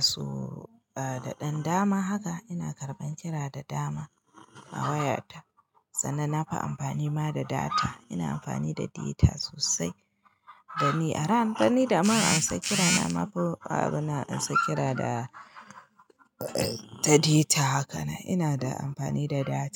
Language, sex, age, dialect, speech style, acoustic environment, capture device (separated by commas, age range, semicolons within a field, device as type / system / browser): Hausa, female, 25-34, Nigeria - Kano, happy, silence, mobile / Linux / Chrome